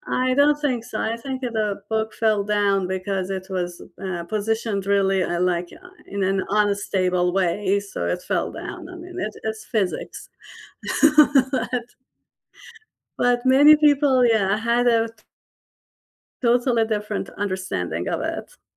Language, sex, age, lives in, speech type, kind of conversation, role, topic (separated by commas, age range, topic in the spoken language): English, female, 45-49, United States, conversation, unstructured, none, Which cherished memory do you love retelling with friends or family, and why?